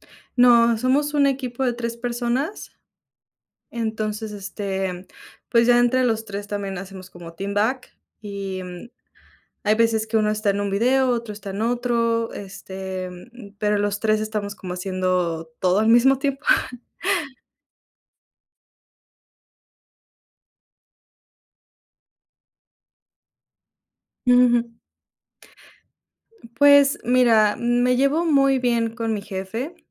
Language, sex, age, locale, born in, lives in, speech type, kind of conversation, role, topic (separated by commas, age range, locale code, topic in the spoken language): Spanish, female, 25-29, es-MX, Mexico, Mexico, conversation, unstructured, none, ¿En qué momento te sientes más feliz en tu trabajo?